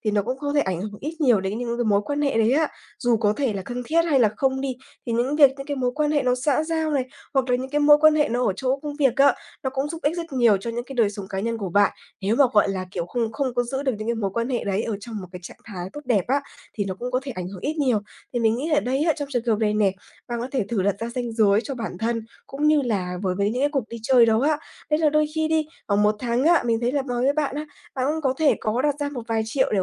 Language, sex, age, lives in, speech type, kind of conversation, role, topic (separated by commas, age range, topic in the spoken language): Vietnamese, female, 20-24, Vietnam, conversation, advice, advisor, Bạn cảm thấy áp lực phải chi tiền như thế nào khi gặp bạn bè hoặc tham dự các sự kiện?